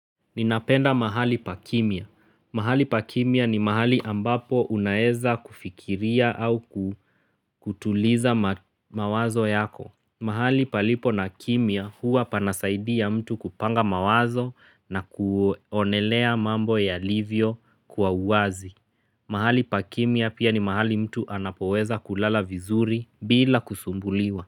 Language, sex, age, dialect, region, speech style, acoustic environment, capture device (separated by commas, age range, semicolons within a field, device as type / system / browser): Swahili, male, 25-34, Kenya - Nairobi Sheng-influenced, Kenya, relaxed, nature, mobile / Linux / Chrome